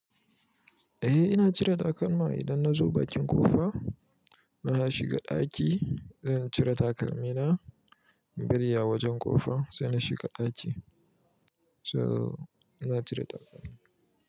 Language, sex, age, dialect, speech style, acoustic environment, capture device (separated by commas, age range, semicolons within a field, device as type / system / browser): Hausa, male, 25-34, Nigeria - Kano, happy, people talking, mobile / Linux / Chrome